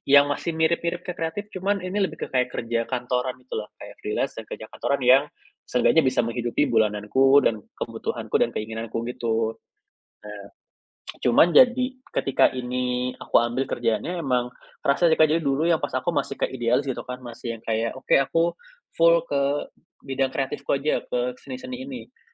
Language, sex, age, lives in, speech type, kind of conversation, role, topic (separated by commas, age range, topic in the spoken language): Indonesian, male, 25-29, Indonesia, conversation, advice, user, Bagaimana cara menyeimbangkan tugas rutin dengan waktu kreatif setiap hari?